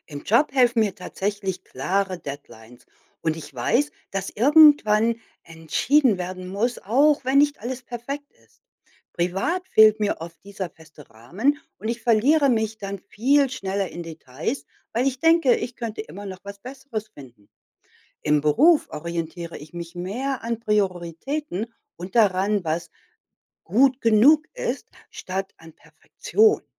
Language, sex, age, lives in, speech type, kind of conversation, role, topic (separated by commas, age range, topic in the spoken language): German, female, 55-59, United States, read, expert-assistant, asker, Warum fällt uns die private Reiseplanung oft schwerer als die berufliche?